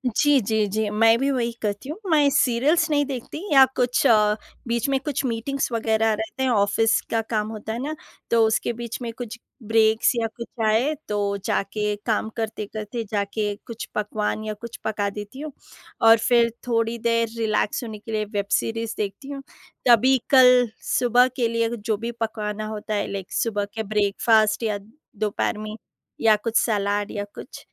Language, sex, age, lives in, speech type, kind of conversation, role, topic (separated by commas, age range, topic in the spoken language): Hindi, female, 30-34, India, conversation, unstructured, none, खाना बनाते समय आपको सबसे ज़्यादा मज़ा कब आता है?